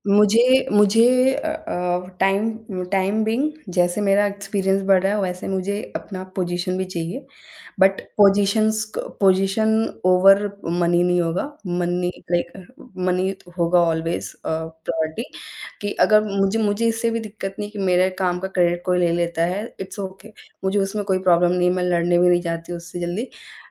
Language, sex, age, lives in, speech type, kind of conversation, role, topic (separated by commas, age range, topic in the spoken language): Hindi, female, 25-29, India, conversation, advice, user, नौकरी में पदोन्नति का मौका मिलने पर मैं हिचकिचा क्यों रहा/रही हूँ और मेरा आत्मविश्वास क्यों कम हो जाता है?